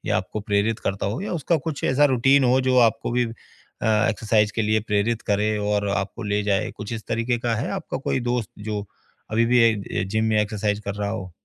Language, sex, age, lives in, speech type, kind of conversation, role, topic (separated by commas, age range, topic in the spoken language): Hindi, male, 40-44, India, conversation, advice, advisor, एक्सरसाइज रूटीन शुरू करने को लेकर डर और अनिश्चितता होने पर मुझे क्या करना चाहिए?